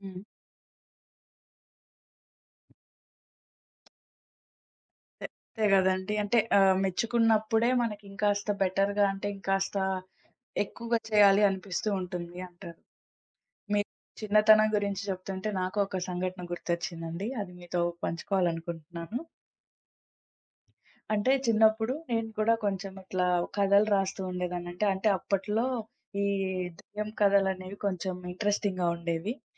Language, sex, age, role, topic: Telugu, female, 20-24, host, మీ వ్యక్తిగత జీవితం మీ కళను ఎలా ప్రభావితం చేస్తుంది?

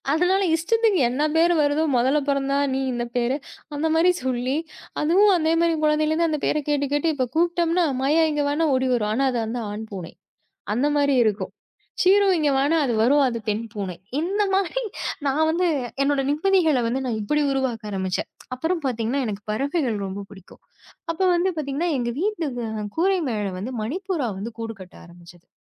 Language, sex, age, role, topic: Tamil, female, 30-34, guest, வீட்டில் நிம்மதியை நீங்கள் எப்படிக் உருவாக்குகிறீர்கள்?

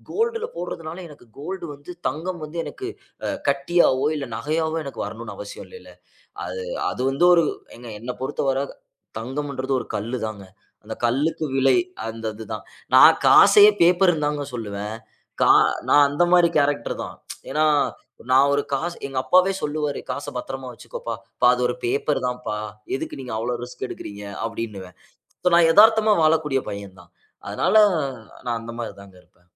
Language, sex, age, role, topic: Tamil, male, 25-29, guest, ஒரு பெரிய ஆபத்தை எடுத்துப் பார்த்து தோல்வி ஏற்பட்டால், நீங்கள் அதை எப்படி சமாளிப்பீர்கள்?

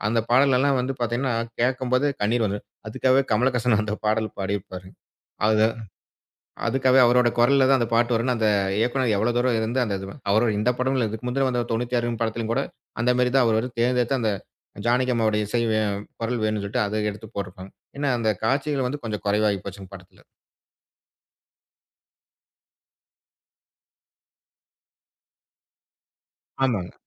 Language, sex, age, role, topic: Tamil, male, 35-39, guest, குடும்பப் பாடல் தொகுப்பில் மூத்தவர்கள் தேர்வு செய்யும் பாடல்கள் பெரும்பாலும் புதிய தலைமுறை பாடல்களாக இருக்குமா?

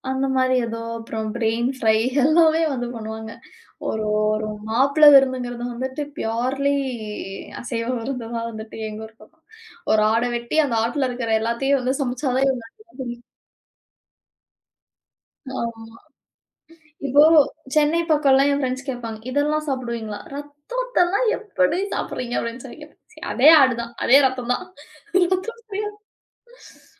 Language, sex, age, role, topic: Tamil, female, 35-39, guest, பண்டிகை விருந்து சமையலை நீங்கள் எப்படித் திட்டமிடுகிறீர்கள்?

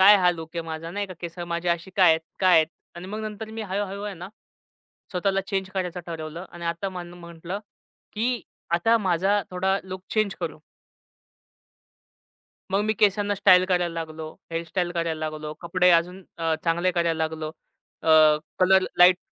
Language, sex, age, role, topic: Marathi, male, 25-29, guest, शाळेपासून आजपर्यंत तुझा लूक कसा बदलला आहे?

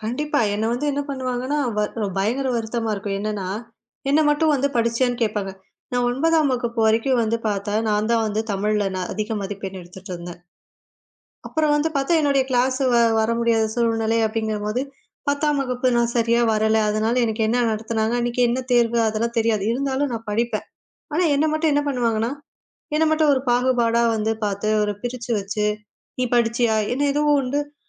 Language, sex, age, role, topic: Tamil, female, 20-24, guest, சமுதாயத்தில் பாகுபாட்டை நாம் எப்படி குறைக்கலாம்?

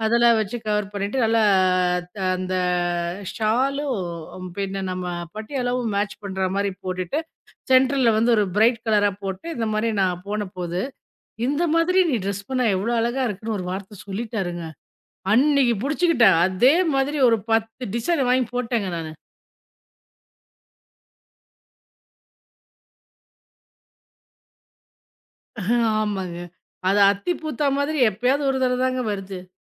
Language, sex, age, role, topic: Tamil, female, 40-44, host, நம் சமூகத்தில் பெண்களிடமும் ஆண்களிடமும் வேறுபட்ட எதிர்பார்ப்புகள் உள்ளதா?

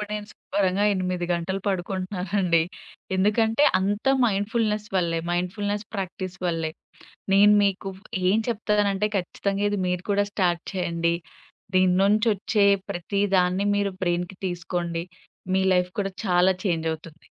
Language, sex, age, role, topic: Telugu, female, 25-29, guest, కొద్ది నిమిషాల మైండ్‌ఫుల్‌నెస్ సాధన మీ జీవితంలో ఎలాంటి మార్పులు తీసుకొచ్చింది?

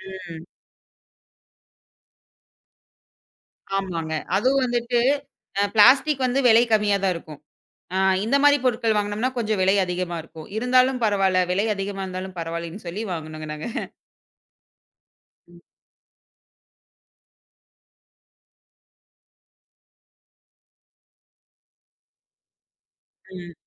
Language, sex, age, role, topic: Tamil, female, 25-29, guest, பிளாஸ்டிக் பயன்பாட்டை குறைக்க, வீட்டிலும் நிகழ்ச்சிகளிலும் உணவு பகிரும்போது நீங்கள் என்ன மாற்றங்கள் செய்கிறீர்கள்?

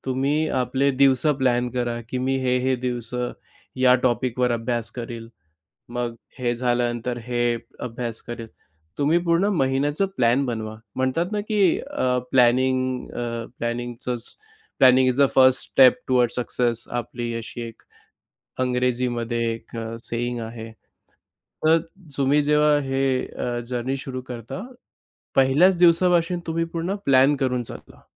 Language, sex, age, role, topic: Marathi, male, 25-29, guest, तुमची आत्मशिक्षणाची सुरुवात कशी झाली?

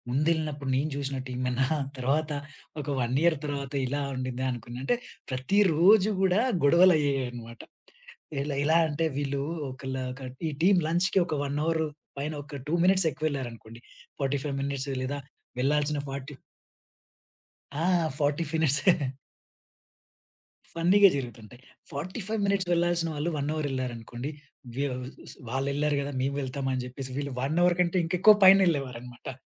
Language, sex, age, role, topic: Telugu, male, 30-34, guest, మీ వృత్తి జీవితంలో బృందంతో కలిసి పని చేసిన మంచి అనుభవాన్ని చెప్పగలరా?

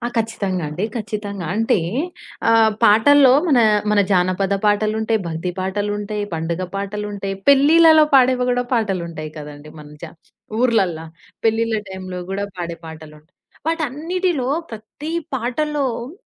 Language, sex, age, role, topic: Telugu, female, 20-24, guest, వచ్చే తరాలకు మీరు ఏ సాంస్కృతిక పాటలను సూచిస్తారు?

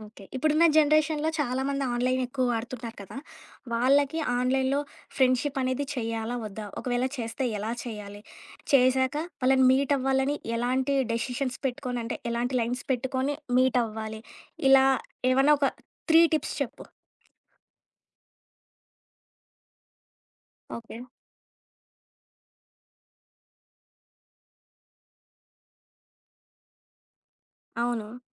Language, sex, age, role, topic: Telugu, female, 25-29, host, నీవు ఆన్‌లైన్‌లో పరిచయం చేసుకున్న మిత్రులను ప్రత్యక్షంగా కలవాలని అనిపించే క్షణం ఎప్పుడు వస్తుంది?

